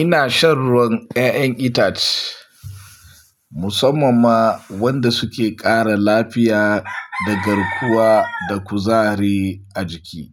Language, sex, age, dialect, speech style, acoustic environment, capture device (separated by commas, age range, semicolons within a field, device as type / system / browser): Hausa, male, 25-34, Nigeria - Pidgin-influenced, excited, nature; silence, mobile / Linux / Chrome